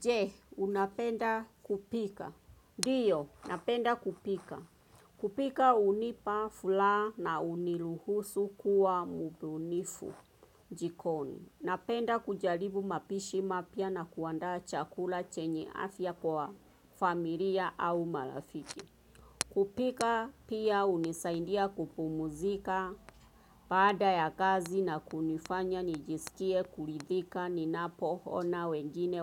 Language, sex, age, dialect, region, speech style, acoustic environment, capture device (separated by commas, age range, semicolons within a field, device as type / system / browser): Swahili, female, 25-34, Kenya - Nairobi Sheng-influenced, Kenya, focused; relaxed, nature; traffic, desktop / Windows / Chrome